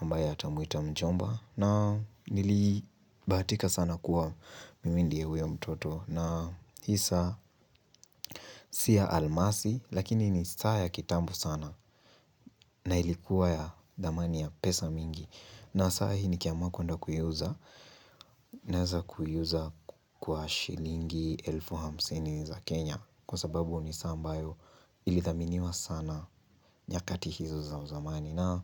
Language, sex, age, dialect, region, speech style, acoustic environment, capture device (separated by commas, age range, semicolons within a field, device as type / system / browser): Swahili, male, 18-24, Kenya - Nairobi Sheng-influenced, Kenya, happy, silence, mobile / Linux / Chrome